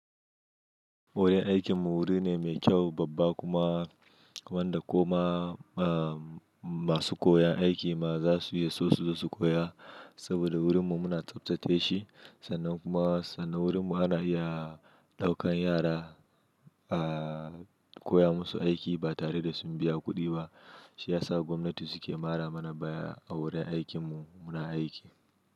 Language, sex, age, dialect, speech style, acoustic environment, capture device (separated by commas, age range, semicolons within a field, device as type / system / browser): Hausa, male, 25-34, Nigeria - Nigerian Standard, happy, silence; nature, mobile / Linux / Chrome